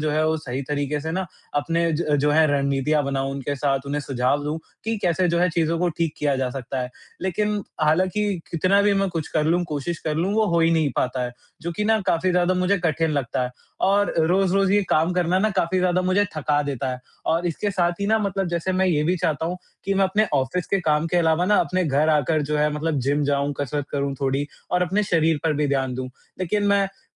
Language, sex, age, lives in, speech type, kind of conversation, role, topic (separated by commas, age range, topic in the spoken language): Hindi, male, 45-49, India, conversation, advice, user, रोज़मर्रा के कामों में मुझे प्रेरणा की कमी क्यों महसूस होती है और मैं क्या करूँ?